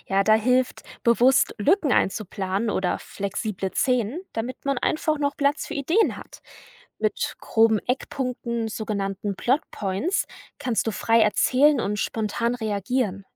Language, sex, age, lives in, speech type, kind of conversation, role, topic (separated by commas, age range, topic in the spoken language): German, female, 20-24, Germany, read, expert-assistant, expert, Fällt es leichter, mit einem festen Plan zu schreiben, oder ist freies Drauflosschreiben kreativer? Was inspiriert mehr?